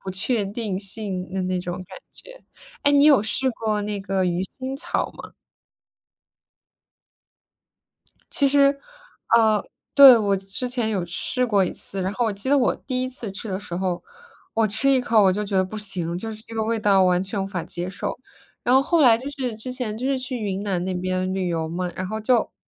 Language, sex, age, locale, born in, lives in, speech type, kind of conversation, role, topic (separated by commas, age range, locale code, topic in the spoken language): Chinese, female, 25-29, zh-CN, United States, United States, conversation, unstructured, none, 你有没有尝试过用新的食材做菜？